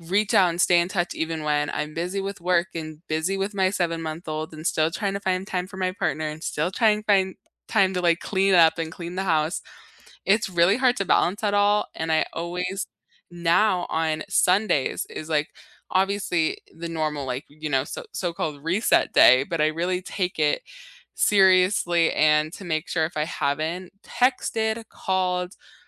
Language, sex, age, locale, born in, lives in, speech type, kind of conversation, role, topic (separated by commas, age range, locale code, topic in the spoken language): English, female, 20-24, en-US, United States, United States, conversation, unstructured, none, How do you keep connections alive, from handwritten letters to today's DMs?